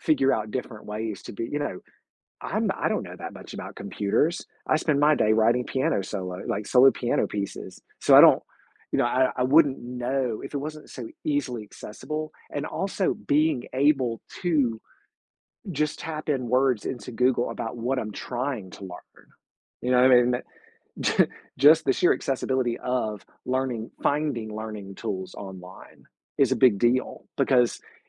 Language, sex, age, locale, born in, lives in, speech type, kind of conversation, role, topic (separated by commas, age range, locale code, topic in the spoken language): English, male, 45-49, en-US, United States, United States, conversation, podcast, guest, In what ways has technology shaped our relationships and the way we connect with others?